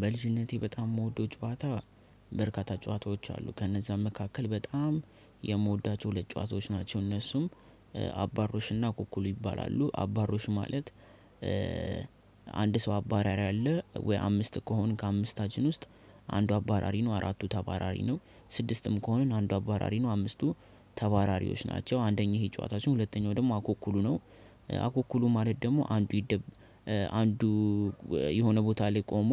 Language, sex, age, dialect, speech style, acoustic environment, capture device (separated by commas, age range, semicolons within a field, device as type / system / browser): Amharic, male, 18-24, Ethiopia - Addis Ababa, happy, nature, desktop / Linux / Chrome